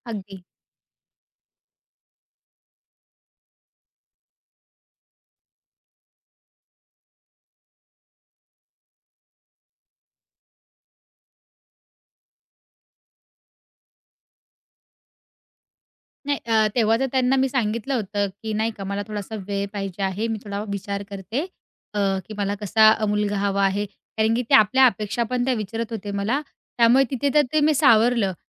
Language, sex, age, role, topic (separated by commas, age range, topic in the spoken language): Marathi, female, 20-24, guest, नाही म्हणताना नातं जपण्यासाठी नकार कसा द्यायला हवा?